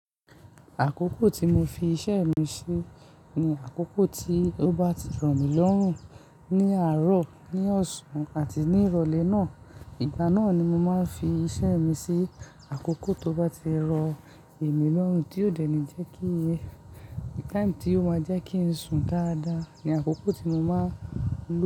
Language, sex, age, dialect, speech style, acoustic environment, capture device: Yoruba, male, 18-24, Nigeria - Lagos Yoruba, happy; relaxed; focused, nature; silence, mobile / Linux / Chrome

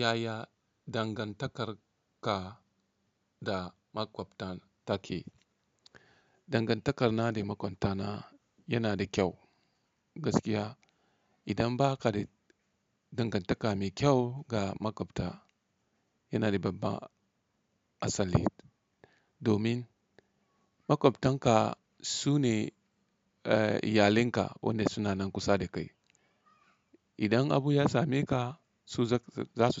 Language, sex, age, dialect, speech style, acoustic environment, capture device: Hausa, male, 35-44, Nigeria - Katsina, relaxed, silence, mobile / Linux / Chrome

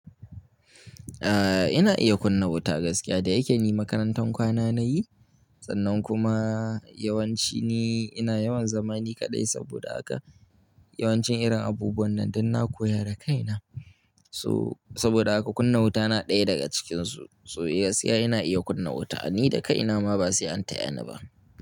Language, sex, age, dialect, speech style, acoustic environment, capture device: Hausa, male, 18-24, Nigeria - Kano, happy, appliances, mobile / Linux / Chrome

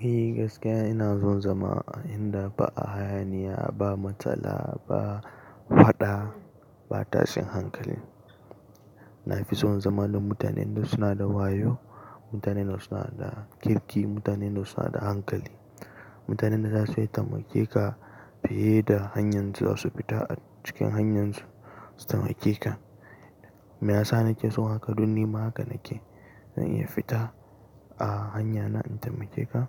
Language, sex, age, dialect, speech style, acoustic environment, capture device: Hausa, male, 18-24, Nigeria - Nigerian Standard, relaxed, nature; construction, mobile / Linux / Chrome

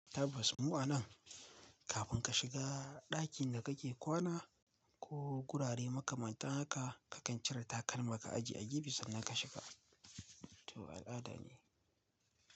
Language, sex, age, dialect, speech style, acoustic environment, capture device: Hausa, male, 18-24, Nigeria - Kano, relaxed, silence, mobile / Linux / Chrome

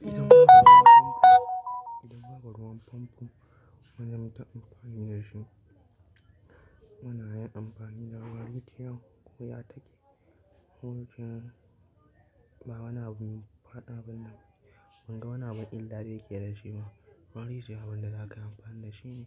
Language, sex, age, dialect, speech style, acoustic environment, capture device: Hausa, male, 25-34, Nigeria - Nigerian Standard, happy, silence, mobile / Linux / Chrome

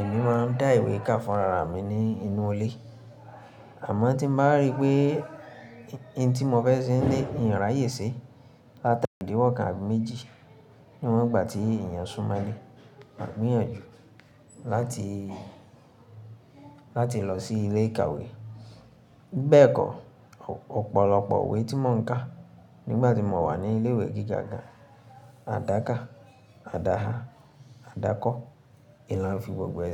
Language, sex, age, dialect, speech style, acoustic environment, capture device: Yoruba, female, 25-34, Nigeria - Ibadan, focused; relaxed, silence, mobile / Linux / Chrome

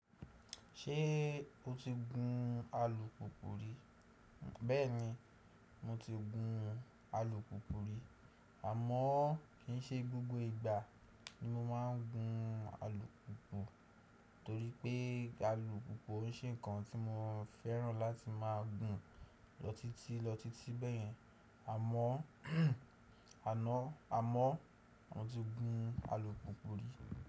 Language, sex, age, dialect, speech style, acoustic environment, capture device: Yoruba, male, 18-24, Nigeria - Nigerian Standard, happy; excited; relaxed, silence, desktop / Windows / Chrome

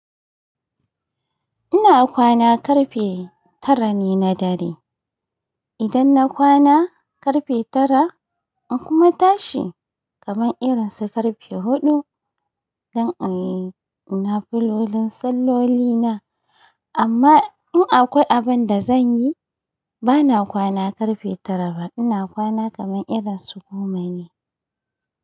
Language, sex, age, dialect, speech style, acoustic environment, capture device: Hausa, female, 35-44, Nigeria - Kano, happy, nature, mobile / Linux / Chrome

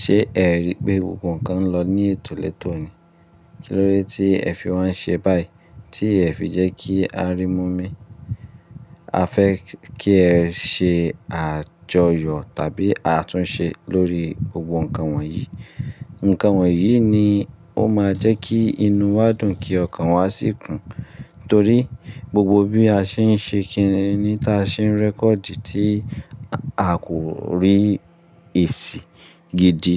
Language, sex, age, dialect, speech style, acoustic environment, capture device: Yoruba, male, 25-34, Nigeria - Ibadan, relaxed, nature; appliances, mobile / Linux / Chrome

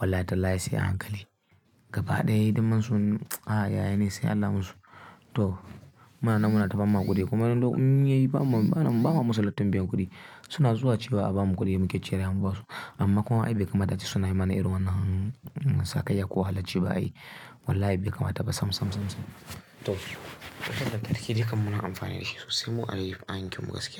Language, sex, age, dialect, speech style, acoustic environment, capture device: Hausa, male, 18-24, Nigeria - Kano, happy; focused; excited, silence, mobile / Linux / Chrome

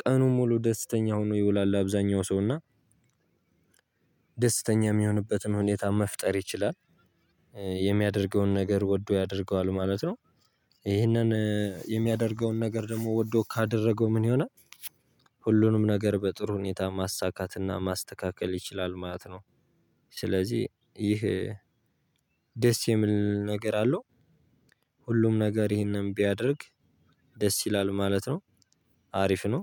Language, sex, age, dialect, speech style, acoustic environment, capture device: Amharic, male, 18-24, Ethiopia - Gojjam, focused, nature, mobile / Linux / Chrome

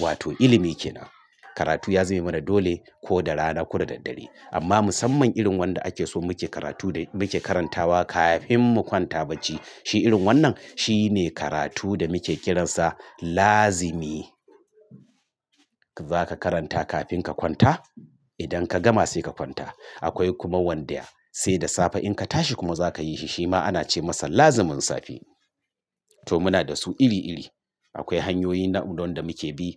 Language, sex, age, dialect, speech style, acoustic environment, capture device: Hausa, male, 45-54, Nigeria - Kano, nervous; excited; stressed; focused; relaxed; tired; anxious; happy, traffic; construction; appliances; silence; nature; music; people talking, mobile / Linux / Chrome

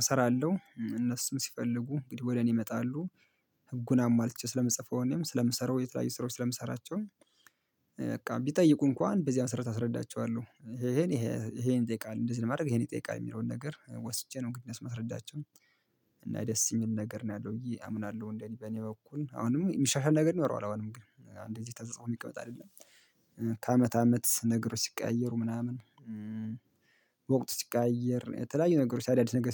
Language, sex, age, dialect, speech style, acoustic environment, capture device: Amharic, male, 25-34, Ethiopia - Gondar, happy; relaxed; focused; excited, silence, mobile / Linux / Chrome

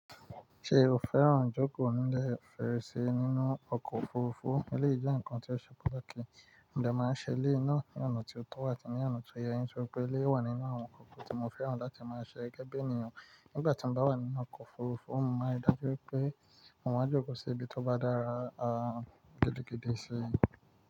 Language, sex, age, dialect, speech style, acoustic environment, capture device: Yoruba, male, 25-34, Nigeria - Nigerian Standard, relaxed, nature, mobile / Linux / Chrome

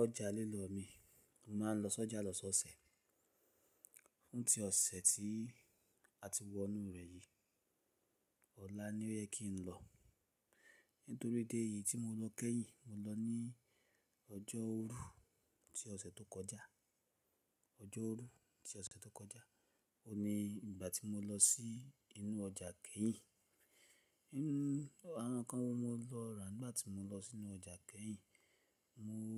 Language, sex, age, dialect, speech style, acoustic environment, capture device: Yoruba, male, 35-44, Nigeria - Lagos Yoruba, happy; excited, silence, mobile / Linux / Chrome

